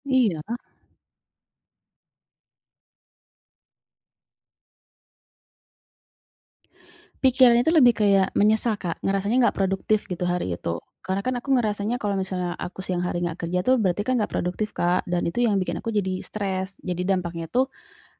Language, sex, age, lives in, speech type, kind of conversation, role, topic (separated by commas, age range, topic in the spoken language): Indonesian, female, 35-39, Indonesia, conversation, advice, user, Mengapa saya sulit rileks meski punya waktu luang?